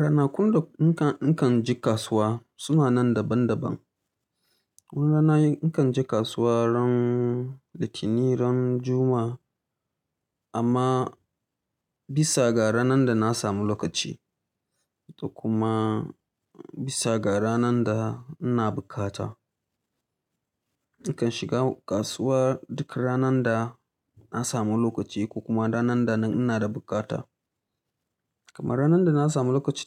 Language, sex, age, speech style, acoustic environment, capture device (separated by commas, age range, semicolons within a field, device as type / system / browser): Hausa, male, 25-34, tired; relaxed, silence, mobile / Linux / Chrome